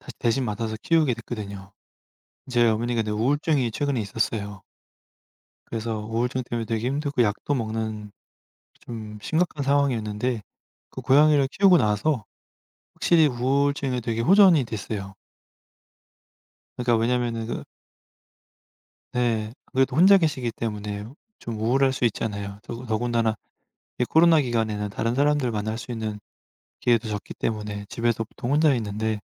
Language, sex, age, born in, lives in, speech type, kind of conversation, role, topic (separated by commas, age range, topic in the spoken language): Korean, male, 35-39, South Korea, France, conversation, unstructured, none, 반려동물을 키우는 가장 큰 장점은 무엇이라고 생각하시나요?